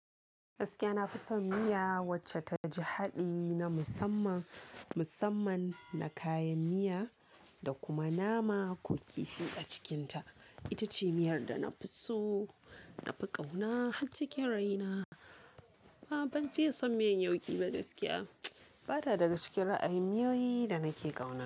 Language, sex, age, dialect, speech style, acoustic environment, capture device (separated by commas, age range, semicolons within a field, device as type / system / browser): Hausa, female, 18-24, Nigeria - Kano, focused, silence; people talking, mobile / Linux / Chrome